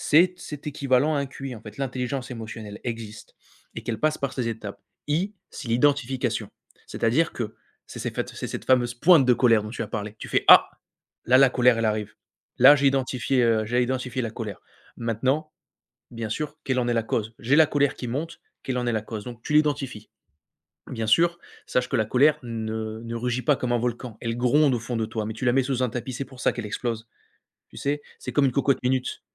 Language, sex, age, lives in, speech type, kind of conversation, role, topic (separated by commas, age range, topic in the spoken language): French, male, 25-29, France, conversation, advice, advisor, Comment des sautes d’humeur imprévisibles affectent-elles votre travail et vos relations ?